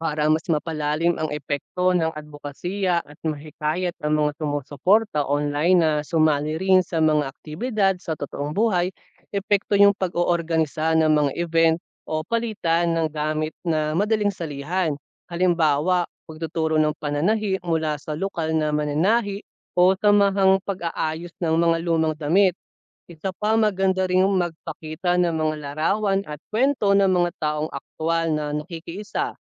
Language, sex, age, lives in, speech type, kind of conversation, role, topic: Filipino, male, 25-29, Philippines, read, expert-assistant, expert, Paano mapapalaganap at mapanatili ang adbokasiya sa social media?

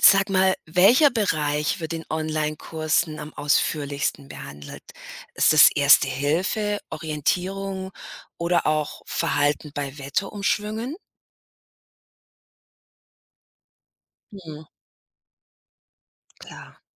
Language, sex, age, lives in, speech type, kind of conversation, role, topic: German, female, 45-49, United States, read, expert-assistant, asker, Welche Bücher, Podcasts oder Webseiten helfen wirklich dabei, sich auf anspruchsvollere Wander- oder Campingabenteuer vorzubereiten?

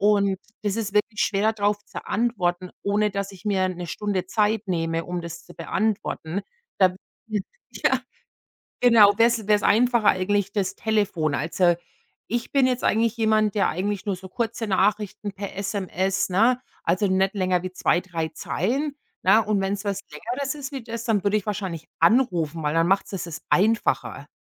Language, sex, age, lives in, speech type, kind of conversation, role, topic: German, female, 45-49, United States, conversation, unstructured, none, Was macht für dich einen guten Freund oder eine gute Freundin aus?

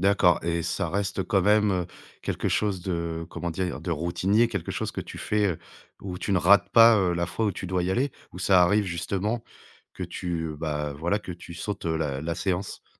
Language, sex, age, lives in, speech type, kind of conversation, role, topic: French, male, 35-39, France, conversation, advice, advisor, Comment puis-je commencer et maintenir une routine d’exercice régulière ?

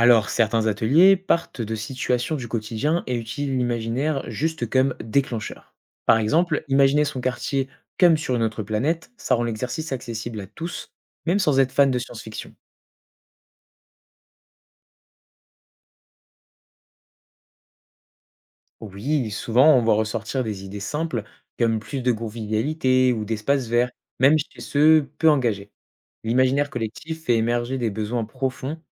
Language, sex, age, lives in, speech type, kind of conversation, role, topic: French, male, 20-24, France, read, expert-assistant, expert, Est-ce que penser à la vie sur d’autres planètes peut m’aider à mieux choisir ce qui compte pour moi ?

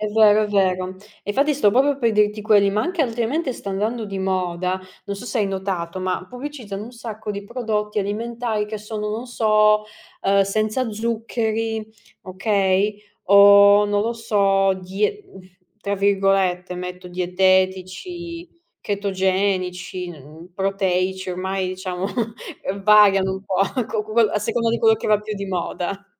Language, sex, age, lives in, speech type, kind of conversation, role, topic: Italian, female, 30-34, Italy, conversation, unstructured, none, Cosa ne pensi delle pubblicità ingannevoli sui prodotti alimentari?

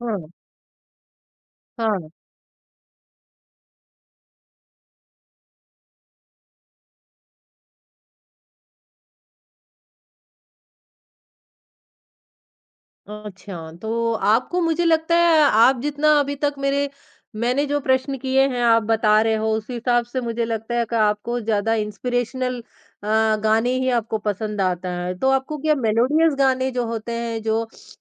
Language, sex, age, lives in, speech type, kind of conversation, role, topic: Hindi, male, 50-54, India, conversation, podcast, host, किस फिल्मी गाने ने तुम्हें सबसे गहरा असर दिया?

